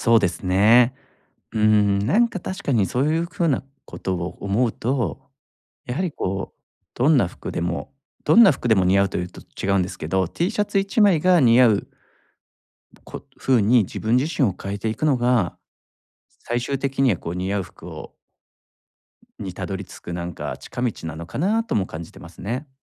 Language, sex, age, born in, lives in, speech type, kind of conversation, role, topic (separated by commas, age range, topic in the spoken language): Japanese, male, 40-44, Japan, Japan, conversation, advice, user, 似合う服が見つからないとき、どうしたらいいですか？